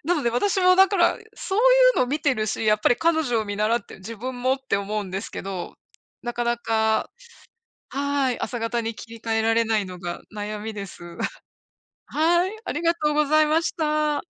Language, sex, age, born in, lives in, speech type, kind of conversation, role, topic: Japanese, female, 55-59, Japan, United States, conversation, unstructured, none, 朝型と夜型では、どちらの生活スタイルがあなたに合っていますか？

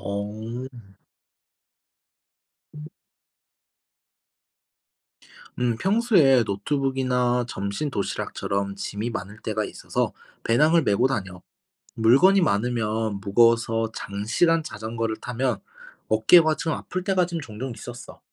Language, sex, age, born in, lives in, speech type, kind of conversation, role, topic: Korean, male, 25-29, South Korea, Japan, read, expert-assistant, asker, 대중교통 이용이 환경에 좋은 이유는 무엇인가요?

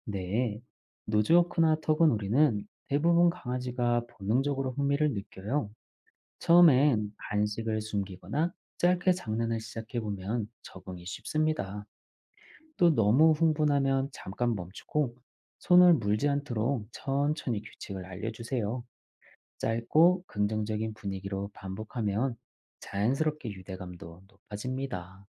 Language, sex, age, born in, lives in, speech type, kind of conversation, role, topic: Korean, male, 40-44, South Korea, Germany, read, expert-assistant, expert, 반려동물 행동교정 전문가에게 맡기는 것과 직접 훈련시키는 것, 각각의 비용과 효과는 어떻게 다를까요?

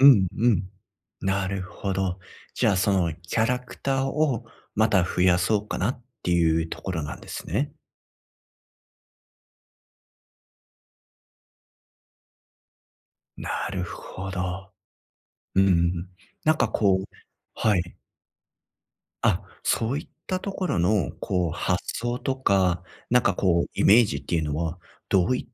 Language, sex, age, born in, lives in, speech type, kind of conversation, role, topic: Japanese, male, 35-39, Japan, Japan, conversation, advice, advisor, 創作を通じて自分の内面とどう向き合えばよいですか？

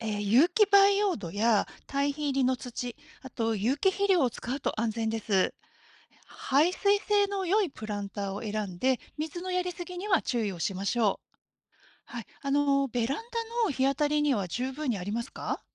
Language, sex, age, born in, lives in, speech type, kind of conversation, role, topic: Japanese, female, 50-54, Japan, Japan, read, expert-assistant, expert, これから家庭菜園で環境にやさしい栽培を始めたいのですが、参考になる情報源やコミュニティはありますか？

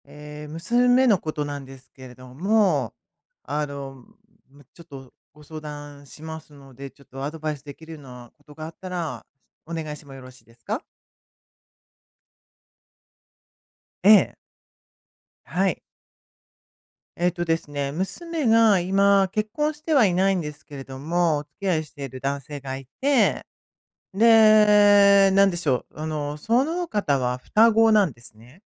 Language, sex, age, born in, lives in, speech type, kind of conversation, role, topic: Japanese, female, 55-59, Japan, United States, conversation, advice, user, 人間関係における重要な決断で後悔を避けるにはどうすればよいですか？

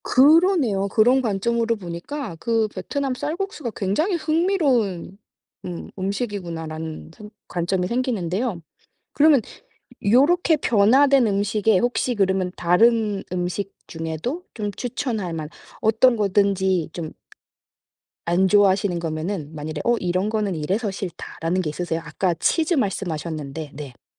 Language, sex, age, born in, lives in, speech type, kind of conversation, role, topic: Korean, female, 40-44, South Korea, United States, conversation, podcast, host, 세대에 따라 요리법이 어떻게 달라졌나요?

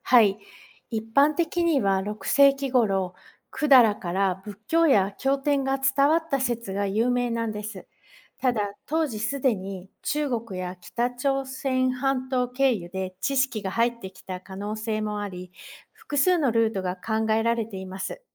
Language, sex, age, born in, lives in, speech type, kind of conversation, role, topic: Japanese, female, 45-49, Japan, United States, read, expert-assistant, expert, 昔の日本に仏教がどのように伝わったのか、いくつかの話があるけど、本当はどうだったの？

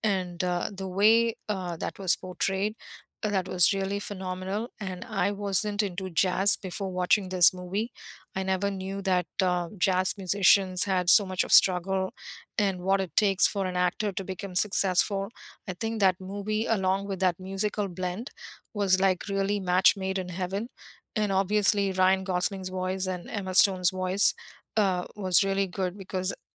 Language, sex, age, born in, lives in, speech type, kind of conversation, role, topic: English, female, 50-54, India, United States, conversation, unstructured, none, Which movie soundtracks made the film unforgettable for you and still stick with you today?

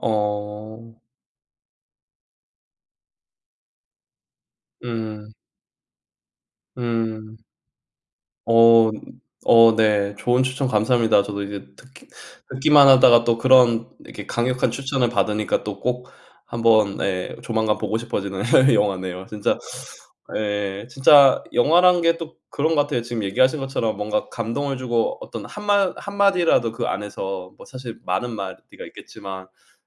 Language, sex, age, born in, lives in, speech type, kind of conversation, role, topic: Korean, male, 35-39, South Korea, United States, conversation, unstructured, none, 좋아하는 영화 장르는 무엇이며, 그 이유는 무엇인가요?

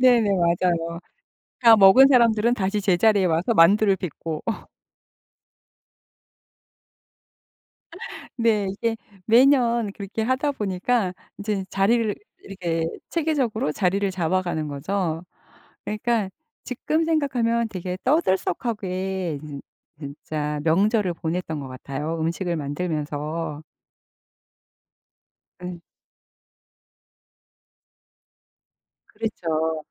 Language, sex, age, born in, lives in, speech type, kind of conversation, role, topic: Korean, female, 55-59, South Korea, United States, conversation, podcast, guest, 명절 음식과 관련된 기억 하나 들려주실래요?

